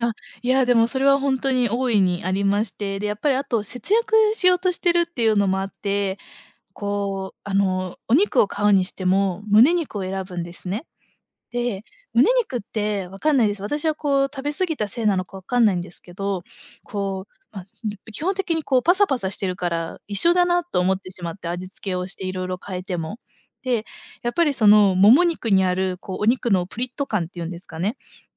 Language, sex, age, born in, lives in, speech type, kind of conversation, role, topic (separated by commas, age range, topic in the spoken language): Japanese, female, 25-29, Japan, Japan, conversation, advice, user, 節約のために楽しみを我慢しているせいでモチベーションが下がってしまったのですが、どうすればよいですか？